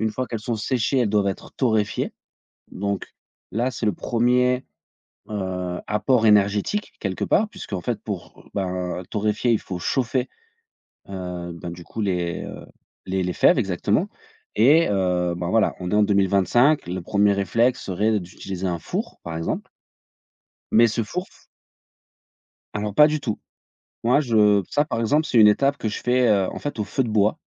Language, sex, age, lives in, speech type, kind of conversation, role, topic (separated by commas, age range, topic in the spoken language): French, male, 35-39, France, conversation, podcast, guest, Comment transformes-tu une idée en objet concret ?